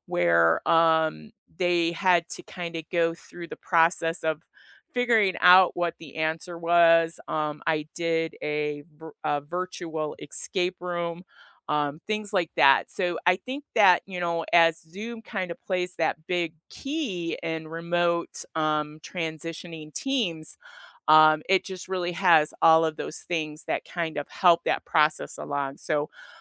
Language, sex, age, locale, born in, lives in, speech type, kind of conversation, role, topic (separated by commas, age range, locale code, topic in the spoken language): English, female, 55-59, en-US, United States, United States, conversation, unstructured, none, What meeting formats have genuinely helped your team?